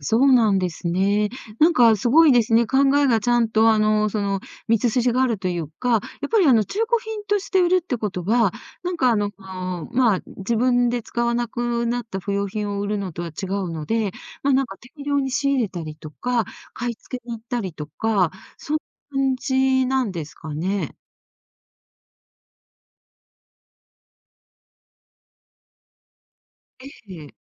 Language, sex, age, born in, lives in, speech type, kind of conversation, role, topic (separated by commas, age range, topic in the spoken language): Japanese, female, 60-64, Japan, Japan, conversation, podcast, host, 趣味を仕事にするまでの道のりはどうでしたか？